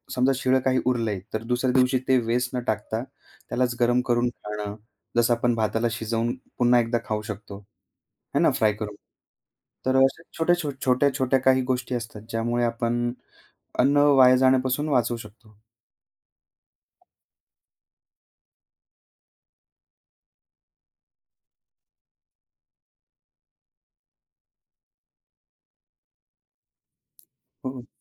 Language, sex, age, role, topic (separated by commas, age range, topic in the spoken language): Marathi, male, 25-29, guest, भोजन वाया जाणं थांबवण्यासाठी तुम्ही काय करता?